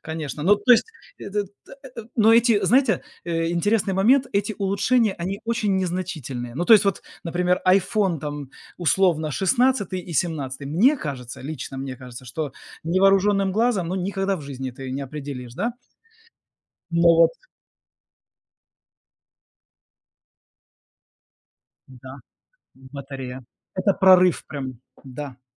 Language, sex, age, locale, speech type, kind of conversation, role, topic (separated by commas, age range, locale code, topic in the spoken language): Russian, male, 40-44, ru-RU, conversation, unstructured, none, Какие инновации сделали вашу жизнь проще?